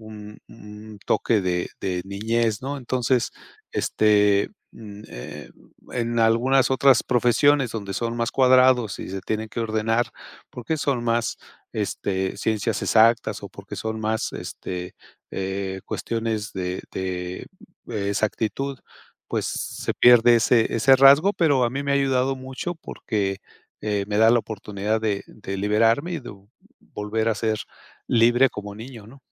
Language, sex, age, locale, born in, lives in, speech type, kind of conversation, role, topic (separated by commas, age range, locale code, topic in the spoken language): Spanish, male, 55-59, es-MX, Mexico, Mexico, conversation, podcast, guest, ¿Qué aventuras imaginabas cuando jugabas en la calle o en el parque?